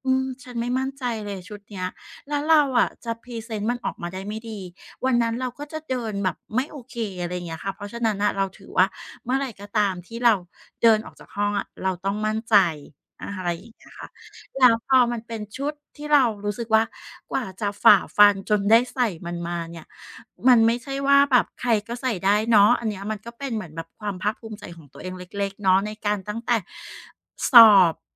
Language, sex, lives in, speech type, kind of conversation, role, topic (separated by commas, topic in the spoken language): Thai, female, Thailand, conversation, podcast, guest, ชุดแบบไหนที่ทำให้คุณรู้สึกมั่นใจที่สุด?